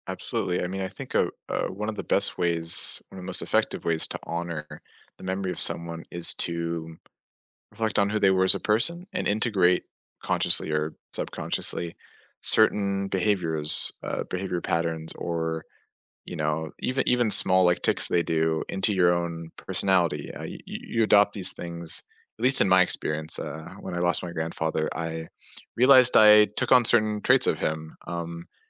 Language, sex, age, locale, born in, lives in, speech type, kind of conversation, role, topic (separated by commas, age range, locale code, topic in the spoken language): English, male, 20-24, en-US, United States, United States, conversation, unstructured, none, How can remembering the good times help heal sorrow?